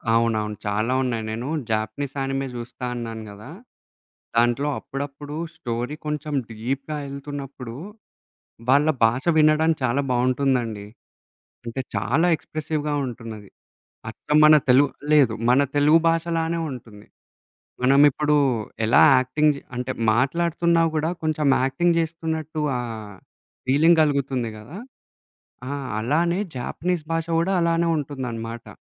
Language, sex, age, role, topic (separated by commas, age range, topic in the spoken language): Telugu, male, 20-24, guest, సబ్‌టైటిల్స్ లేదా డబ్బింగ్ వల్ల సినిమాను చూసే అనుభవం ఎలా మారుతుంది?